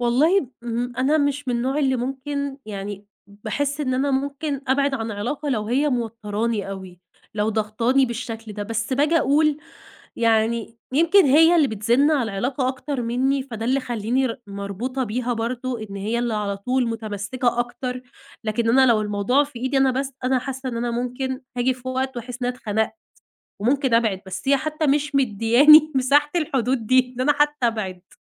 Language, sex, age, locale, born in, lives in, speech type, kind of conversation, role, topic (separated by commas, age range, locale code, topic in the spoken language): Arabic, female, 20-24, ar-EG, Egypt, Egypt, conversation, advice, user, إزاي أقدر أحط حدود مع صاحبي المتطفل من غير ما أخسر صداقتنا؟